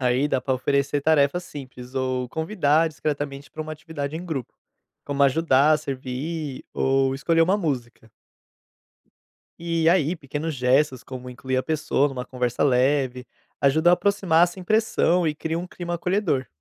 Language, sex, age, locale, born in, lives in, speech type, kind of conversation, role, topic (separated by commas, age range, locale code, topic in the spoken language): Portuguese, male, 25-29, pt-BR, Brazil, Portugal, read, expert-assistant, expert, Como posso planejar um encontro que una pessoas de diferentes culturas do meu bairro?